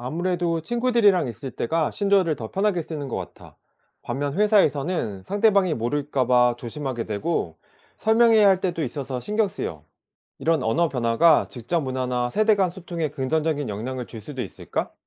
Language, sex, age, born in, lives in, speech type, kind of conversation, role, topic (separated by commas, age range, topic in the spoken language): Korean, male, 40-44, South Korea, South Korea, read, expert-assistant, asker, 요즘 많이 쓰는 신조어가 진짜로 일상에서 쓰이는지 어떻게 알 수 있을까요?